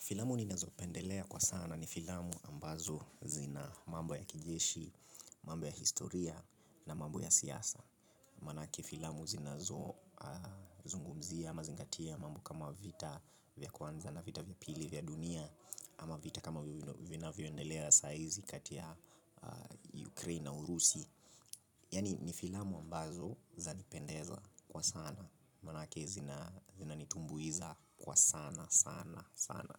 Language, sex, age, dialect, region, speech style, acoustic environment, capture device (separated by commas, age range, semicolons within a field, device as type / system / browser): Swahili, male, 25-34, Kenya - Nairobi Sheng-influenced, Kenya, relaxed, silence, mobile / Linux / Chrome